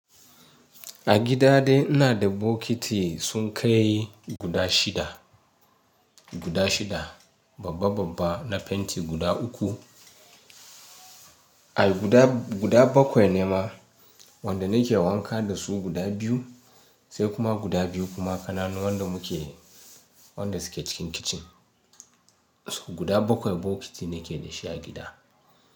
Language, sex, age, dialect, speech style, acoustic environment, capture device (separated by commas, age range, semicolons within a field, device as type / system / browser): Hausa, male, 35-44, Nigeria - Ibadan, relaxed, appliances, mobile / Linux / Chrome